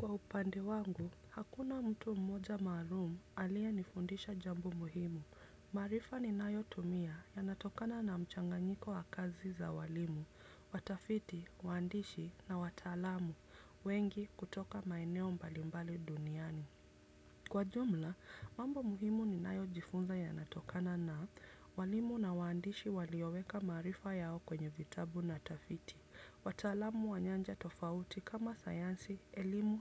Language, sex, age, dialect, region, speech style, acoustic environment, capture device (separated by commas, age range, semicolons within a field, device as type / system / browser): Swahili, female, 25-34, Kenya - Nairobi Sheng-influenced, Kenya, happy, silence, desktop / Windows / Chrome